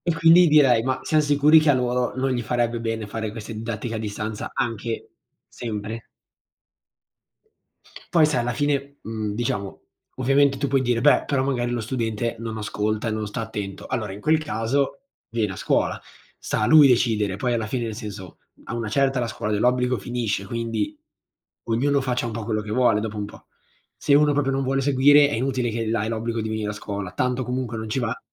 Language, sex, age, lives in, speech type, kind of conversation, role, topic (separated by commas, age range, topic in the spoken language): Italian, male, 18-19, Italy, conversation, unstructured, none, Quale tecnologia ti ha reso più felice nella vita quotidiana?